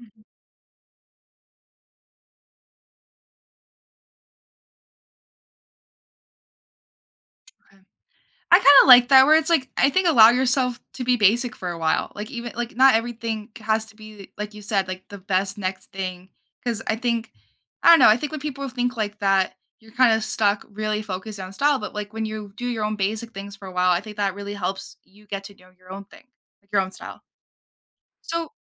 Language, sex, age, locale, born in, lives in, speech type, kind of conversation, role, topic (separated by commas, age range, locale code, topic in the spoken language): English, female, 18-19, en-US, United States, United States, conversation, podcast, host, What helps people discover and develop their own authentic style?